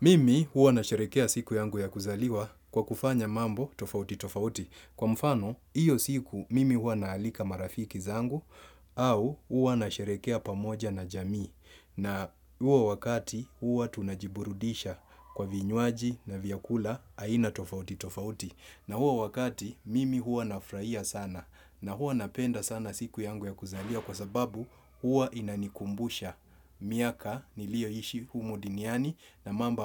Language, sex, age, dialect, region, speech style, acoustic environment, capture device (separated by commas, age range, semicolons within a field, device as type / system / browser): Swahili, male, 35-44, Kenya - Mombasa, Kenya, focused, construction; nature; appliances, desktop / Windows / Chrome